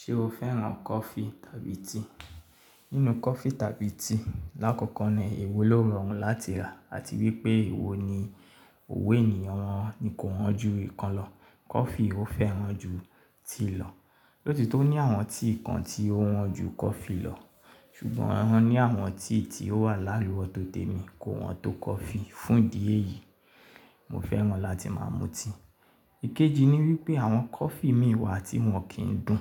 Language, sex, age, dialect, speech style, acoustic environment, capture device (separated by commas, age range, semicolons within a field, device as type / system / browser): Yoruba, male, 25-34, Nigeria - Ibadan, focused, silence, mobile / Linux / Chrome